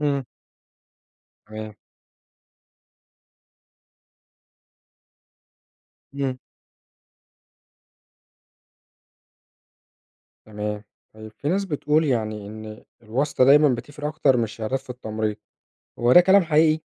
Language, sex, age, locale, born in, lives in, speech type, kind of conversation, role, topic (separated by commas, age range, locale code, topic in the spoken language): Arabic, male, 25-29, ar-EG, Egypt, Egypt, read, expert-assistant, asker, المعلومات اللي بنسمعها عن التمريض دايمًا صح؟ ونعرف منين الصح من الغلط؟